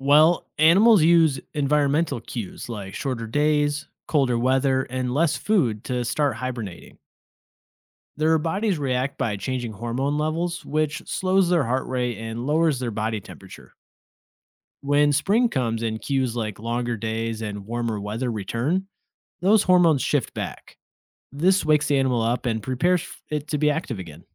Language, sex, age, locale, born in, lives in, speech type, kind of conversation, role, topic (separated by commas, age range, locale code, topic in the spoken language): English, male, 30-34, en-US, United States, United States, read, expert-assistant, expert, How do animals survive winter through hibernation?